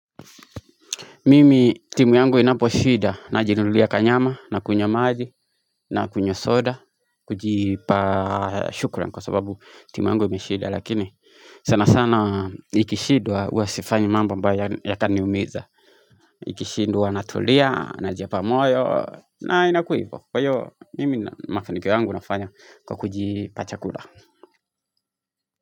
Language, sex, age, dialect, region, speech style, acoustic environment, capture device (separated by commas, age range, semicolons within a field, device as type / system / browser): Swahili, male, 25-34, Kenya - Nairobi Sheng-influenced, Kenya, relaxed; anxious, music; people talking, mobile / Linux / Chrome